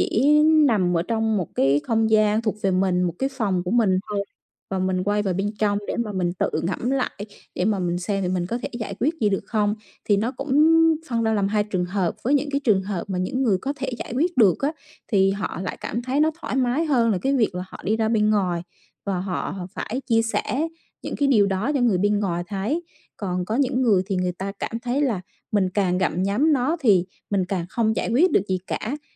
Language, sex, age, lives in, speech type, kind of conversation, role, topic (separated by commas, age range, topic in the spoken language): Vietnamese, female, 35-39, Vietnam, conversation, podcast, guest, Bạn làm gì để giảm căng thẳng mỗi ngày?